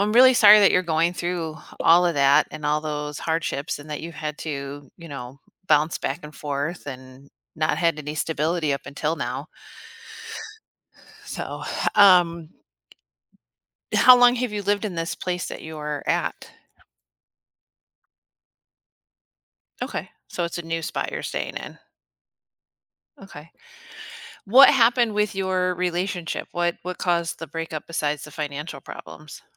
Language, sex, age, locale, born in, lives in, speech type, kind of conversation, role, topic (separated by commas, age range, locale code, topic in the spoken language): English, female, 40-44, en-US, United States, United States, conversation, advice, advisor, How do I cope with loneliness after a breakup?